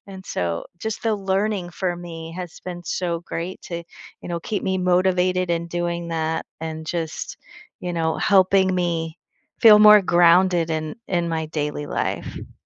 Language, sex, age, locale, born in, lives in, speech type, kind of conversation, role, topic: English, female, 55-59, en-US, United States, United States, conversation, podcast, guest, How can making a small change in your daily routine lead to bigger life improvements?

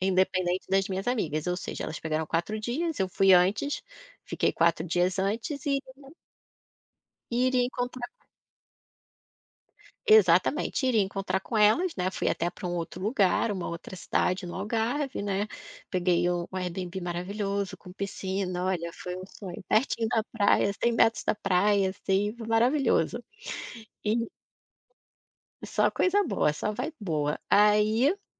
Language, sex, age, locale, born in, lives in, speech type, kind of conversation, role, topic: Portuguese, female, 40-44, pt-BR, Brazil, Portugal, conversation, podcast, guest, Você já passou por um perrengue que virou uma história engraçada?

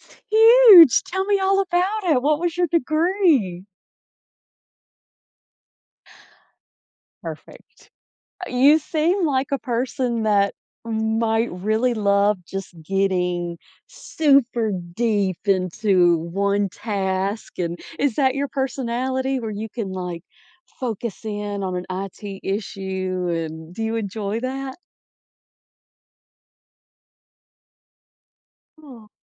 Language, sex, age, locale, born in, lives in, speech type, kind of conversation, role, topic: English, female, 40-44, en-US, United States, United States, conversation, advice, advisor, How can I celebrate my recent achievement meaningfully?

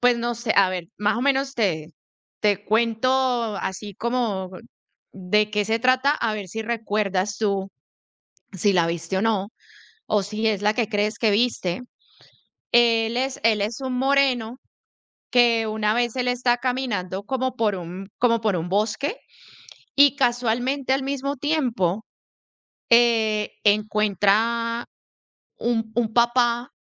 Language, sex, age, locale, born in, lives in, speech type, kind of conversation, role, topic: Spanish, female, 40-44, es-CO, Colombia, United States, conversation, unstructured, none, ¿Cuál es tu película favorita y por qué te gusta tanto?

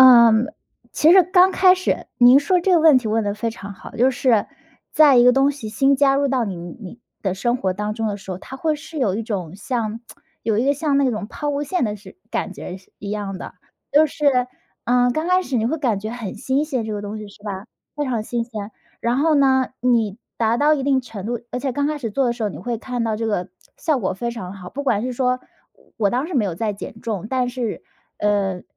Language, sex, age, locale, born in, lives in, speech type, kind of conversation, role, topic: Chinese, female, 30-34, zh-CN, China, United States, conversation, podcast, guest, 你是怎么养成运动习惯的？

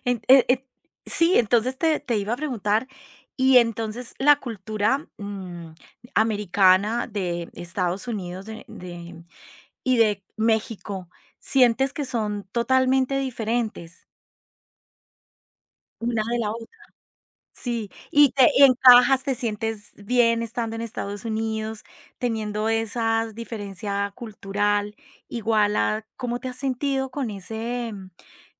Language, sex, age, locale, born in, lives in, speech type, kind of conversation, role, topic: Spanish, female, 45-49, es-CO, Colombia, Italy, conversation, podcast, host, ¿Cómo se refleja tu cultura en tu vestimenta?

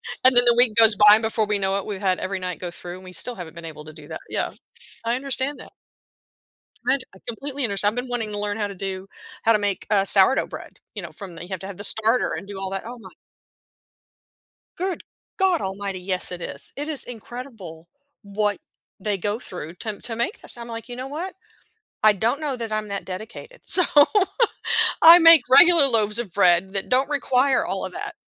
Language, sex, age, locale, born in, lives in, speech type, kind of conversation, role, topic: English, female, 50-54, en-US, United States, United States, conversation, podcast, guest, What are the best ways for beginners to build confidence and enjoy learning to cook?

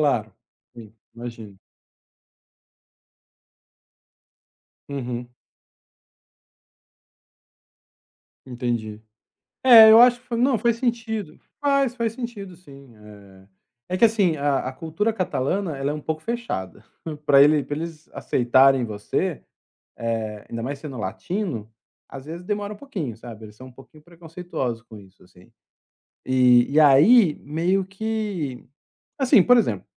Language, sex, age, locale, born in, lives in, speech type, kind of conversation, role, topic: Portuguese, male, 40-44, pt-BR, Brazil, Spain, conversation, advice, user, Como posso me sentir em casa depois de me mudar para um lugar novo?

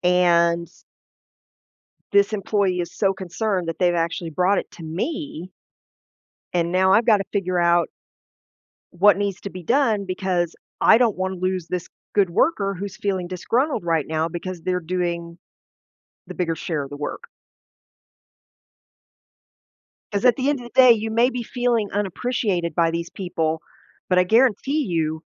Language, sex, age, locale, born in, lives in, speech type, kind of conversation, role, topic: English, female, 50-54, en-US, United States, United States, conversation, advice, advisor, How can I address feeling unappreciated at work?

